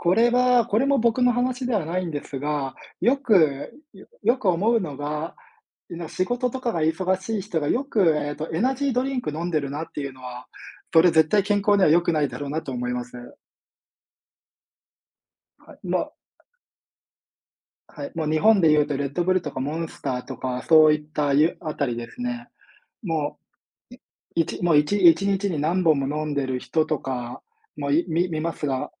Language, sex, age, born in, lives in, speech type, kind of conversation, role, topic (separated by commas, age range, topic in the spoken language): Japanese, male, 30-34, Japan, Japan, conversation, unstructured, none, 健康のためにやめたほうがいい習慣は何だと思いますか？